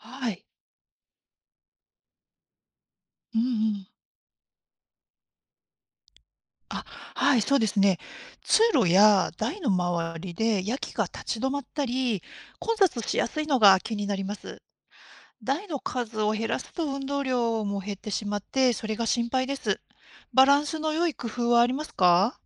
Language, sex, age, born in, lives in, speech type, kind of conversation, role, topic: Japanese, female, 50-54, Japan, Japan, read, expert-assistant, asker, 動物たちが快適に過ごせる畜舎を、どんなふうに工夫できると思いますか？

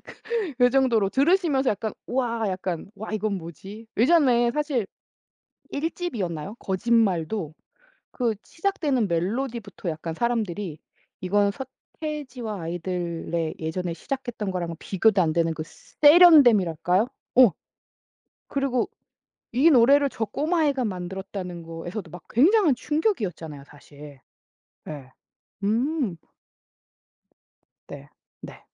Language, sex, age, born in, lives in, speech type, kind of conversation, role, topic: Korean, female, 40-44, South Korea, United States, conversation, podcast, host, 아이콘의 어떤 점에 가장 끌리시나요?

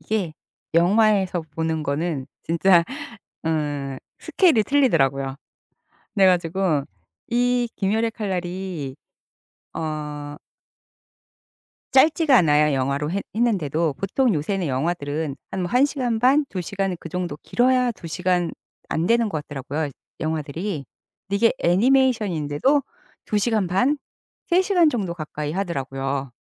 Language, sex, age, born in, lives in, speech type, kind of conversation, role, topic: Korean, female, 55-59, South Korea, United States, conversation, podcast, guest, 넷플릭스 같은 플랫폼이 영화에 어떤 변화를 가져왔나요?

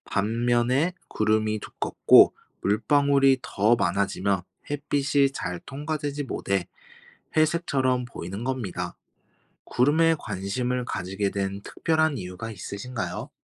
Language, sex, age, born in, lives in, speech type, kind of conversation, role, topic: Korean, male, 25-29, South Korea, Japan, read, expert-assistant, expert, 구름은 어떻게 만들어질까요?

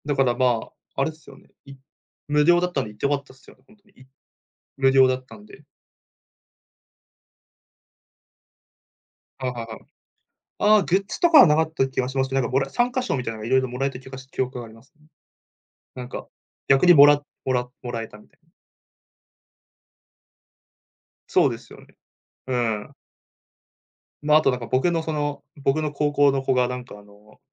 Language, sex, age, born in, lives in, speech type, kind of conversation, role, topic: Japanese, male, 20-24, Japan, Japan, conversation, podcast, guest, 音楽で心に残っている体験はありますか？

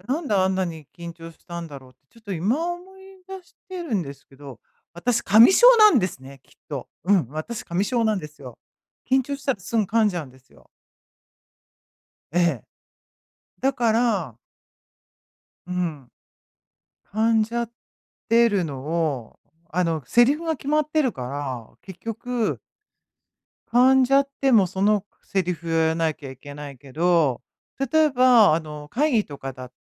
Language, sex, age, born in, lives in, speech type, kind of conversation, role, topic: Japanese, female, 55-59, Japan, United States, conversation, advice, user, 人前で緊張をうまくコントロールするにはどうすればよいですか？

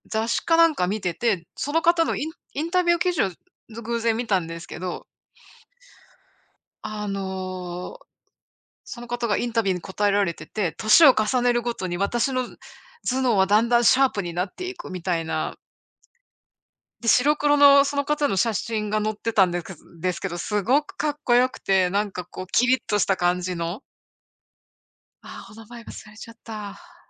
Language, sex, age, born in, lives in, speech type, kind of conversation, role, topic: Japanese, female, 55-59, Japan, United States, conversation, unstructured, none, もし一日だけ有名人になれるとしたら、誰になってみたいですか？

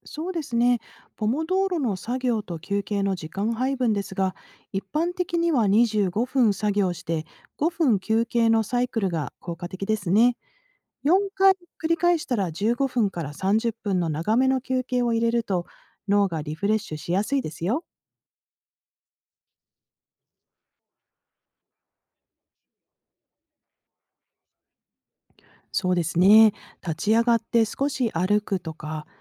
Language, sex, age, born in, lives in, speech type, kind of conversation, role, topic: Japanese, female, 50-54, Japan, United States, read, expert-assistant, expert, 集中力を高めるために、脳の特徴を使った意外なアイデアは？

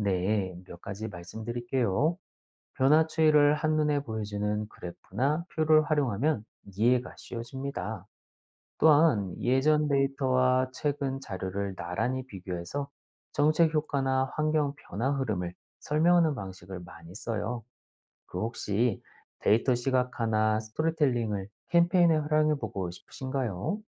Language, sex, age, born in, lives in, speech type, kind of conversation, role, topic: Korean, male, 40-44, South Korea, Germany, read, expert-assistant, expert, 기후 변화에 대한 정보가 너무 많아서 헷갈려요. 과학적으로 확실히 밝혀진 사실과 아직 논의 중인 부분은 어떤 건가요?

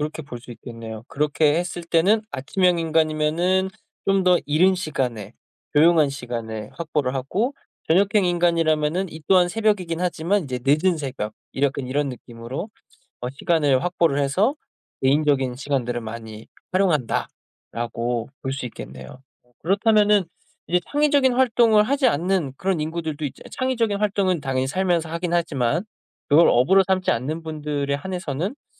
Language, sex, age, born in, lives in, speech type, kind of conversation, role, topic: Korean, male, 25-29, South Korea, United States, conversation, unstructured, none, 아침형 인간과 저녁형 인간 중 어느 쪽이 더 생산적이라고 생각하시나요?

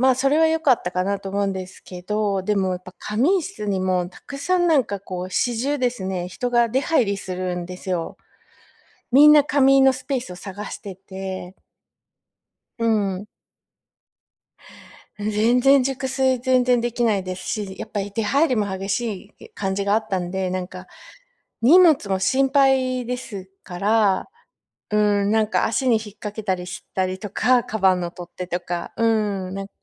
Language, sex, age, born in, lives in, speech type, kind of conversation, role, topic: Japanese, female, 45-49, Japan, United States, conversation, advice, user, 日常の食事でエネルギーの変化をどのように観察すればよいですか？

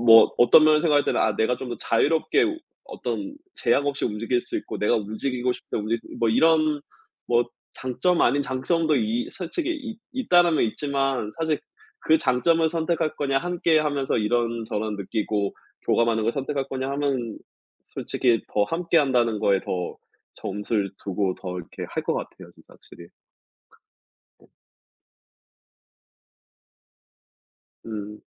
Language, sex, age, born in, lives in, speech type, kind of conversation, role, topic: Korean, male, 35-39, South Korea, United States, conversation, unstructured, none, 함께 있을 때 더 행복해지는 순간은 언제인가요?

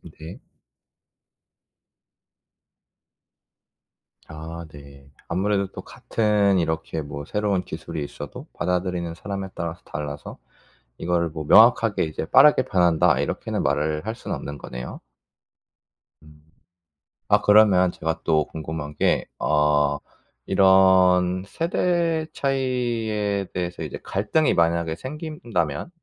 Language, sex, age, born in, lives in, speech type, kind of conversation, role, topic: Korean, male, 25-29, South Korea, South Korea, conversation, podcast, host, 세대 차이 때문에 내 가치관이 바뀌었다고 느낀 적이 있나요?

